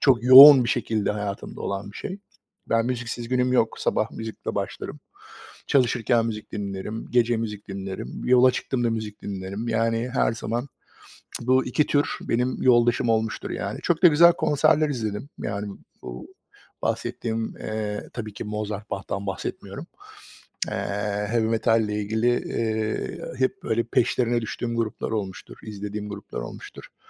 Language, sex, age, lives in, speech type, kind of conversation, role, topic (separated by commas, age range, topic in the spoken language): Turkish, male, 45-49, United States, conversation, unstructured, none, Sanat sana ne hissettiriyor?